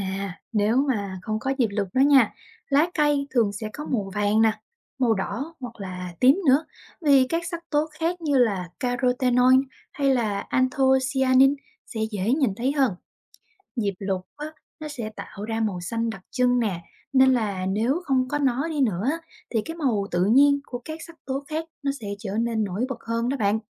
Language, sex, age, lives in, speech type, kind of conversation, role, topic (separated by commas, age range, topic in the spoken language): Vietnamese, female, 25-29, Vietnam, read, expert-assistant, expert, Tại sao lá cây lại có màu xanh?